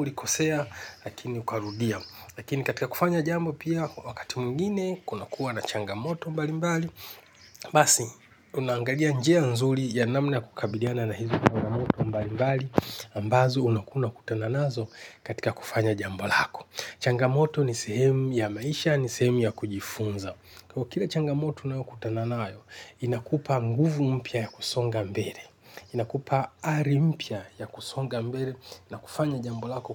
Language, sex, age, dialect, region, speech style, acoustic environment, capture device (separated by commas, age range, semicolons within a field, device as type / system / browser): Swahili, male, 45-54, Kenya - Nairobi Sheng-influenced, Kenya, relaxed, people talking; music, desktop / Linux / Chrome